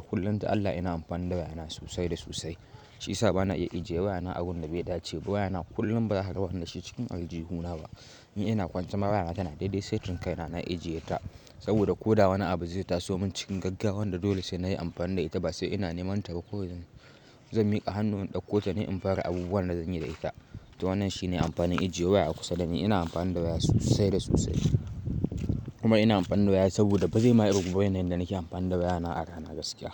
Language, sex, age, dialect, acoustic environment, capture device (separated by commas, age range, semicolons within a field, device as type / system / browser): Hausa, male, 18-24, Nigeria - Kano, silence, mobile / Linux / Chrome